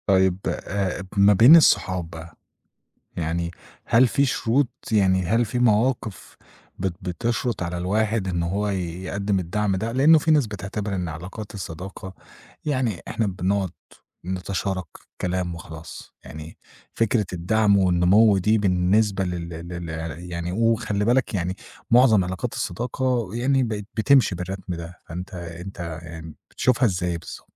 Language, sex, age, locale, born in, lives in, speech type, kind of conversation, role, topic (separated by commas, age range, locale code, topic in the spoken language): Arabic, male, 25-29, ar-EG, Egypt, Egypt, conversation, podcast, host, إزاي تبني علاقات بتدعم نموّك؟